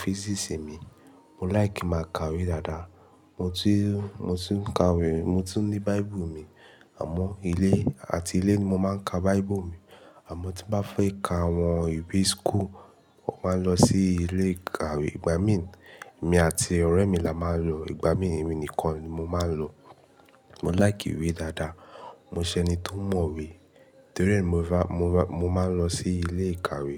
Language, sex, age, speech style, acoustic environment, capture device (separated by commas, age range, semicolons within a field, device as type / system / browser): Yoruba, male, 18-24, happy, music, mobile / Linux / Chrome